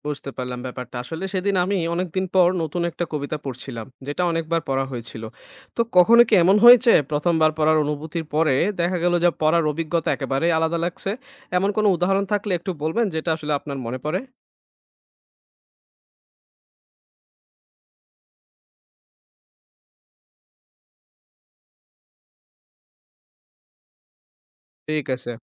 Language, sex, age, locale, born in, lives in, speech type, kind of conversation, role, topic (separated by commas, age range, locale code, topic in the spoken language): Bengali, male, 25-29, bn-BD, Bangladesh, Bangladesh, read, expert-assistant, asker, যদি আপনি ছোটবেলার কোনো স্মৃতি নিয়ে একটি কবিতা লিখতেন, সেই কবিতার মুড বা বিষয়বস্তু কেমন হতো বলে মনে করেন?